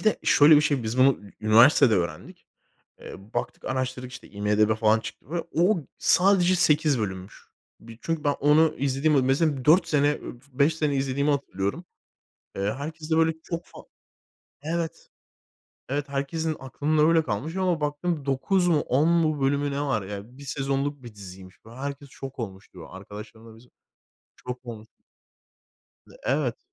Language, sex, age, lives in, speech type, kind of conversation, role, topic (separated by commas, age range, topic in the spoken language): Turkish, male, 25-29, Spain, conversation, podcast, guest, Çocukken izlediğin en sevdiğin çizgi filmi anlatır mısın?